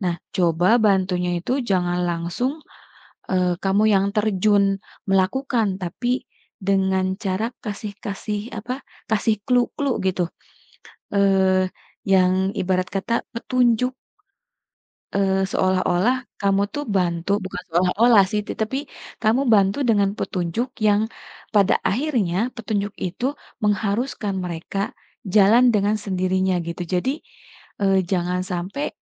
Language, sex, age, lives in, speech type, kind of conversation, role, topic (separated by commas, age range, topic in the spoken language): Indonesian, female, 35-39, Indonesia, conversation, advice, advisor, Mengapa kamu sulit mengatakan tidak hingga rasa kesal terus menumpuk?